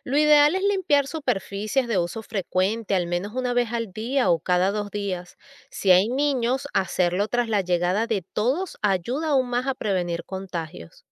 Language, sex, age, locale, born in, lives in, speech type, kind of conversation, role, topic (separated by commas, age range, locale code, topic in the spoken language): Spanish, female, 40-44, es-VE, Venezuela, United States, read, expert-assistant, expert, ¿Qué hábitos sencillos podríamos desarrollar para prevenir enfermedades comunes en la vida diaria?